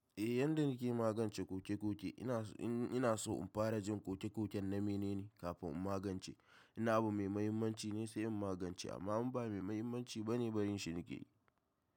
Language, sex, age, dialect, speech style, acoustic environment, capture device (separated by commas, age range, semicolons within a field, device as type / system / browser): Hausa, male, 18-24, Nigeria - Kano, happy; stressed, silence, mobile / macOS / Safari